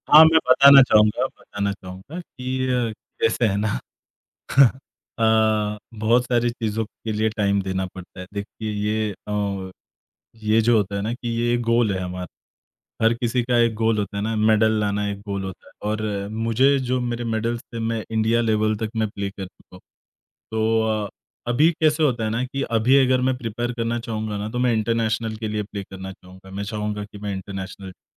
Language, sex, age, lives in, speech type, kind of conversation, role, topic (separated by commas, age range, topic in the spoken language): Hindi, male, 30-34, India, conversation, podcast, guest, Tumhari kaunsi purani hobby hai jise tum dubara shuru karna chahoge?